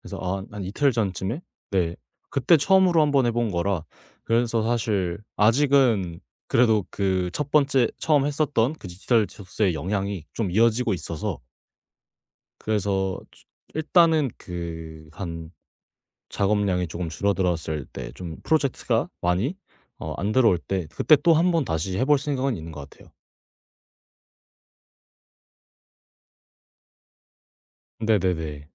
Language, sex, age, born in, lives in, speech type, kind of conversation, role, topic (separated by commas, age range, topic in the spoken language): Korean, male, 18-19, South Korea, Japan, conversation, podcast, guest, 디지털 디톡스를 해본 적이 있나요?